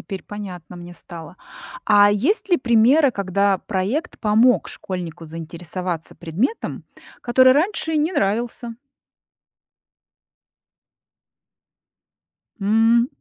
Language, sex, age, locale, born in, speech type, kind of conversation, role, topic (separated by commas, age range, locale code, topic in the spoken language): Russian, female, 40-44, ru-RU, Russia, read, expert-assistant, asker, Какие нестандартные комбинации предметов могли бы сделать школьную программу более актуальной для современной жизни?